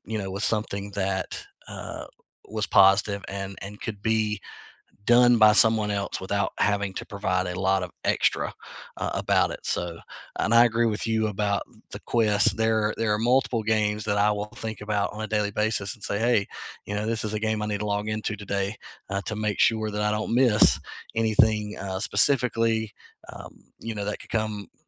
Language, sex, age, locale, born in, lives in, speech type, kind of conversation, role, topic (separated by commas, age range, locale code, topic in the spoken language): English, male, 40-44, en-US, United States, United States, conversation, unstructured, none, What is something new you learned this month, and how has it shown up in your life?